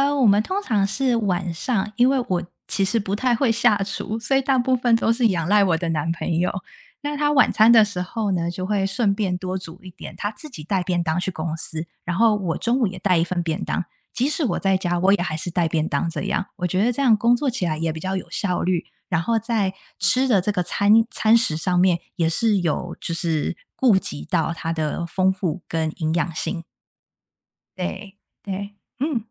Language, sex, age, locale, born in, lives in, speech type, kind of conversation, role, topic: Chinese, female, 30-34, zh-TW, Taiwan, United States, conversation, unstructured, none, 你最喜欢的早餐是什么？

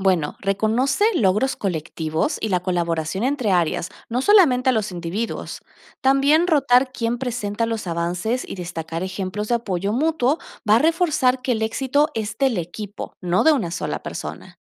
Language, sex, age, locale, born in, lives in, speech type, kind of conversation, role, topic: Spanish, female, 30-34, es-AR, Argentina, Netherlands, read, expert-assistant, expert, ¿Qué estrategias ayudan a coordinar equipos de trabajo en el sector público cuando hay muchos proyectos al mismo tiempo?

